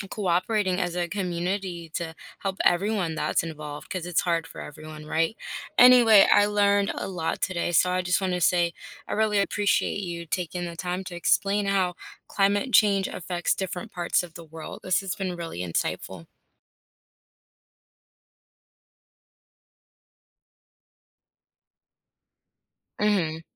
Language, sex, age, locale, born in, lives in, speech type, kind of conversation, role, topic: English, female, 25-29, en-US, United States, United States, read, expert-assistant, asker, How does climate change impact various world regions?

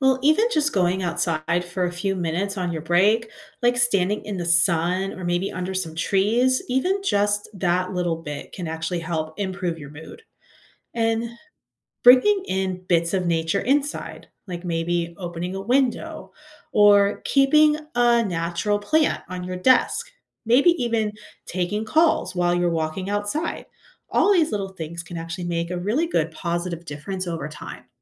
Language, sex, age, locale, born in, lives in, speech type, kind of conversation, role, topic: English, female, 40-44, en-US, United States, United States, read, expert-assistant, expert, How does spending time in nature affect our mood?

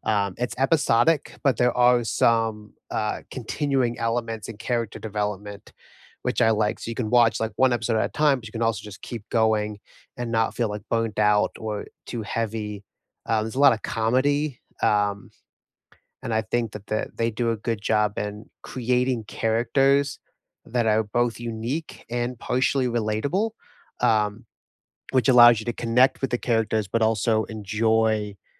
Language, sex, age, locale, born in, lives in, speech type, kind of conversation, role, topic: English, male, 25-29, en-US, United States, United States, conversation, unstructured, none, What was the last show you binged, and why did it hook you?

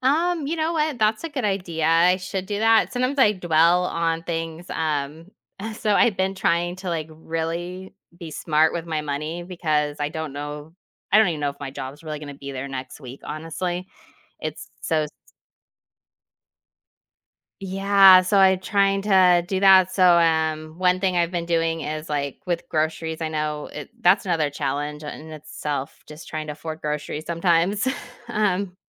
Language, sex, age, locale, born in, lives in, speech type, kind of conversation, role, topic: English, female, 40-44, en-US, United States, United States, conversation, advice, user, How can I stay positive during a challenging time?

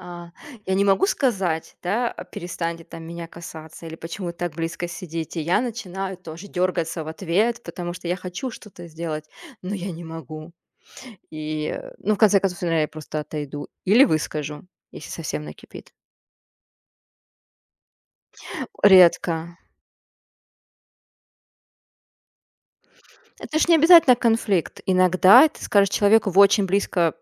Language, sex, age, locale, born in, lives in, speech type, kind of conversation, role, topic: Russian, female, 30-34, ru-RU, Russia, Germany, conversation, unstructured, none, Что вас больше всего раздражает в людях?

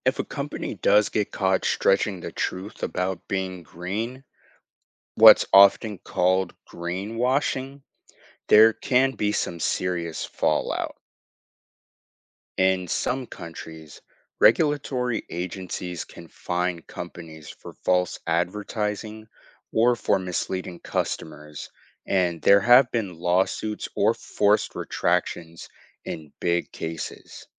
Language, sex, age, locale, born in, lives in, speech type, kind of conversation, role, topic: English, male, 18-19, en-US, United States, United States, read, expert-assistant, expert, When a company says its operations are ‘green’ or sustainable, how do you know if that’s true or just marketing?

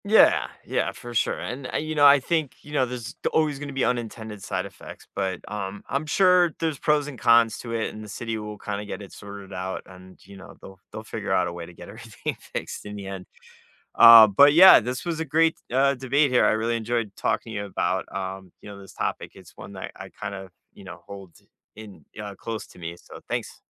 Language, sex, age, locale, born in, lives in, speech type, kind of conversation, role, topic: English, male, 40-44, en-US, United States, United States, conversation, unstructured, none, Could banning cars in city centers reduce emissions and promote equity, or would it unfairly burden everyday commuters?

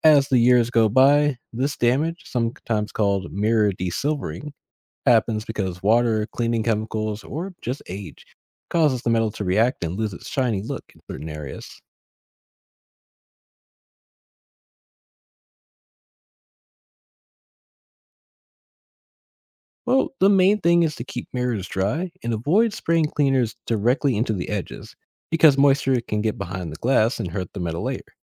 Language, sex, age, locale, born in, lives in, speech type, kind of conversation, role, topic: English, male, 25-29, en-US, United States, United States, read, expert-assistant, expert, Why do mirrors reflect images back to us?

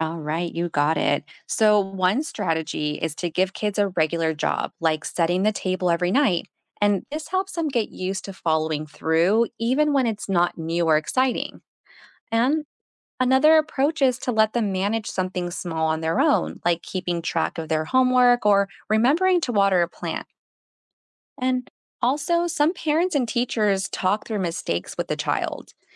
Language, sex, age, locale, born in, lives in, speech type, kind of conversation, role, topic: English, female, 35-39, en-US, United States, United States, read, expert-assistant, expert, How do people teach children about responsibility?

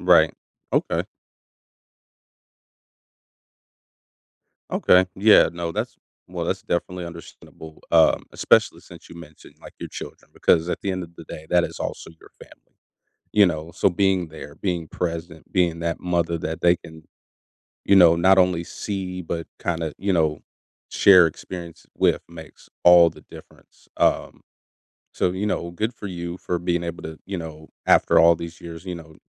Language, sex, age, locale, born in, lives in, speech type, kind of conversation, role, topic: English, male, 30-34, en-US, United States, United States, conversation, podcast, host, In what ways does our family background influence the people we grow into?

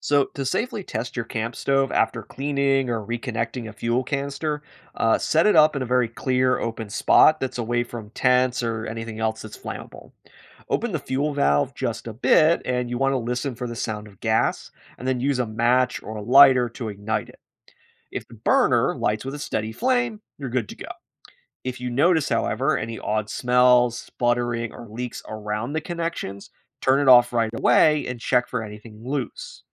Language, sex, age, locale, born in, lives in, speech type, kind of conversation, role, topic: English, male, 30-34, en-US, United States, United States, read, expert-assistant, expert, Why might a camp stove stop working?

